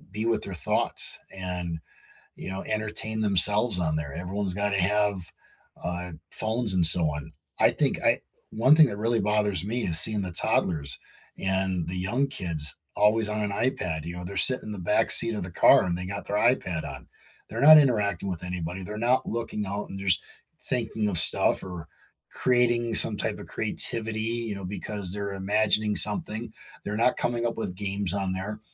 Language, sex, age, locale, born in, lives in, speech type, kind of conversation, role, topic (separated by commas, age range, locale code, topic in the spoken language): English, male, 45-49, en-US, United States, United States, conversation, unstructured, none, How do inventions change the way we live?